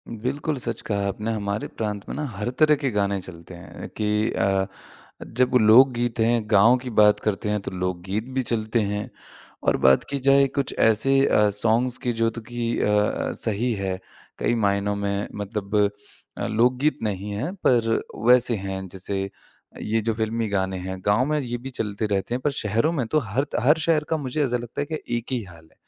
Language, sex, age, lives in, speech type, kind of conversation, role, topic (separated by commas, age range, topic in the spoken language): Hindi, male, 25-29, India, conversation, podcast, guest, क्या आपकी संगीत पसंद आपकी सांस्कृतिक जड़ों से जुड़ी है?